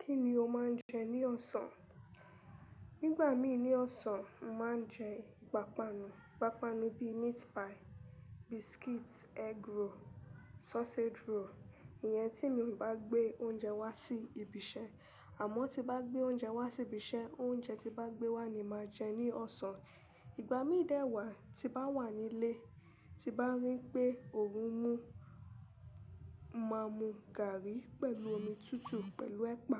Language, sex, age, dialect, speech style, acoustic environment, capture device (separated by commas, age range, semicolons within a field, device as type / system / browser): Yoruba, female, 25-34, Nigeria - Lagos Yoruba, relaxed, silence, mobile / Linux / Chrome